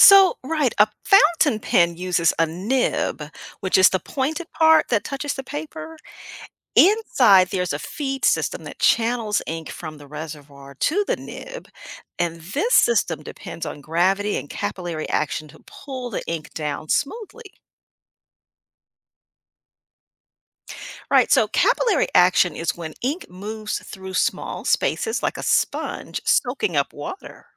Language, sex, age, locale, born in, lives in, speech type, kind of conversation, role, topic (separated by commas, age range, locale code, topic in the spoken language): English, female, 55-59, en-US, United States, United States, read, expert-assistant, expert, How does a fountain pen control ink flow?